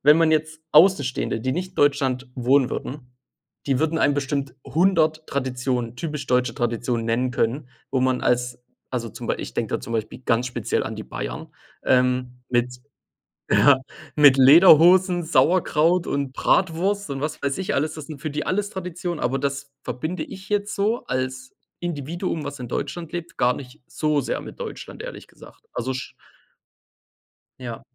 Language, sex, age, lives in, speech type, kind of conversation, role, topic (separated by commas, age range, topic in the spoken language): German, male, 25-29, Germany, conversation, unstructured, none, Welche Traditionen möchtest du an die nächste Generation weitergeben?